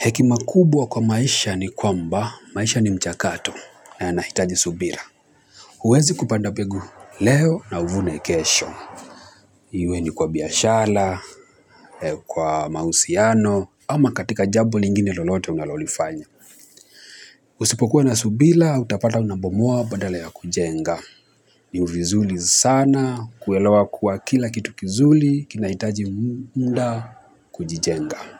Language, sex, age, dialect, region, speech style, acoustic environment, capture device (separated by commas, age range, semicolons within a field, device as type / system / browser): Swahili, male, 25-34, Kenya - Mombasa, Kenya, nervous; excited, people talking, mobile / Linux / Chrome